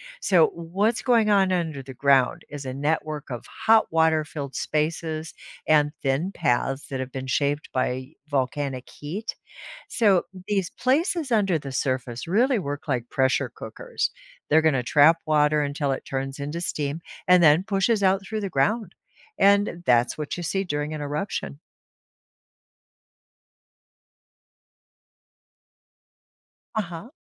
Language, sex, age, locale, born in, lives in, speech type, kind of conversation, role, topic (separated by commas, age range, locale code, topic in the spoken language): English, female, 65-69, en-US, United States, United States, read, expert-assistant, expert, What makes geysers shoot hot water into the air?